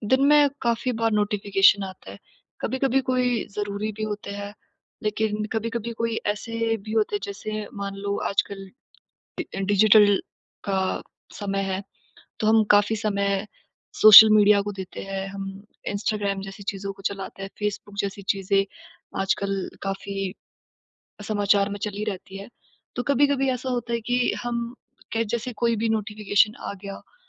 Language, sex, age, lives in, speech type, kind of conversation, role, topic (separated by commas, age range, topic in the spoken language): Hindi, female, 20-24, India, conversation, advice, user, डिजिटल सूचनाओं से ध्यान भटकना कम करने के लिए मैं क्या बदलाव कर सकता/सकती हूँ?